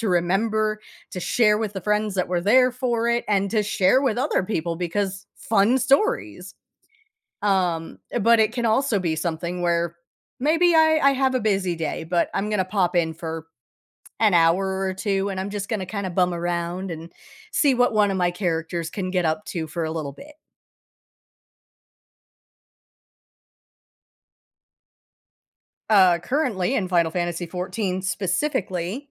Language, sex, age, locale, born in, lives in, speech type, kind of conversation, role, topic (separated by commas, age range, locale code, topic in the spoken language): English, female, 35-39, en-US, United States, United States, conversation, podcast, guest, How have surprising or humorous moments shaped your experience with a hobby?